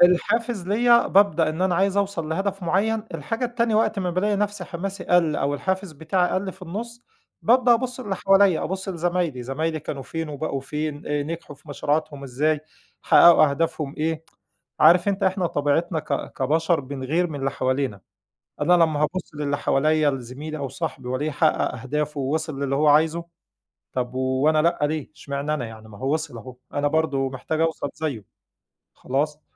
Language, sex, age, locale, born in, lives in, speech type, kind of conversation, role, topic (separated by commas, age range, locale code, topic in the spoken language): Arabic, male, 40-44, ar-EG, Egypt, Egypt, conversation, podcast, guest, إزاي تفضل متحفّز لما حماسك يروح في مشروعك؟